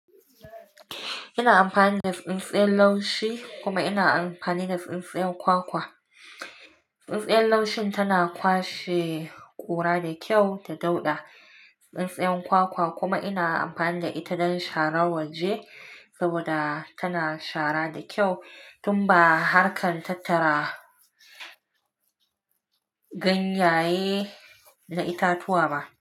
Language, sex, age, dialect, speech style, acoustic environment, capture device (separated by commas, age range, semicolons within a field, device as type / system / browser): Hausa, female, 25-34, Nigeria - Nigerian Standard, relaxed, nature, mobile / Linux / Chrome